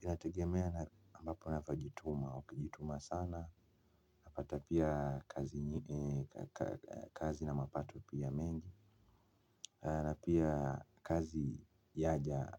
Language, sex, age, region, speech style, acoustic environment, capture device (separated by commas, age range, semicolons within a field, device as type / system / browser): Swahili, male, 25-34, Kenya, nervous, silence, mobile / Linux / Chrome